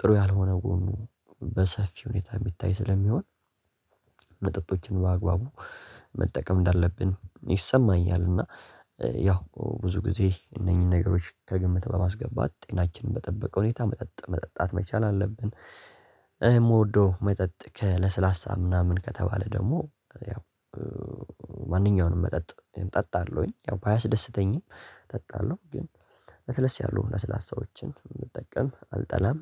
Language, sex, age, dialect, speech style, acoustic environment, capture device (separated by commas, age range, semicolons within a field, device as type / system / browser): Amharic, male, 18-24, Ethiopia - Addis Ababa, focused, nature, mobile / Linux / Chrome